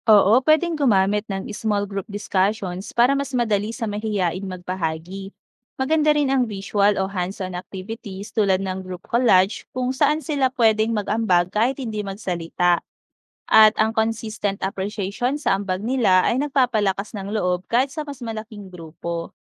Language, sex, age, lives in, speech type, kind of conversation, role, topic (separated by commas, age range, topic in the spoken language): Filipino, female, 30-34, Philippines, read, expert-assistant, expert, Ano ang mga puwedeng gawing pagbabago sa tradisyonal na pagtatanghal para mas maging kawili-wili sa bagong henerasyon?